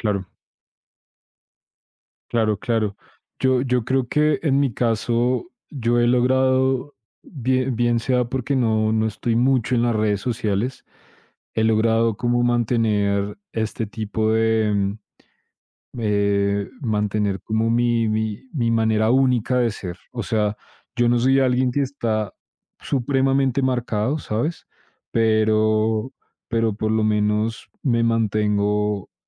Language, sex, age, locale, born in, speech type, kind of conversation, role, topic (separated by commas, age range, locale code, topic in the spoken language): Spanish, male, 25-29, es-CO, Colombia, conversation, unstructured, none, ¿Crees que la presión por estar en forma es saludable?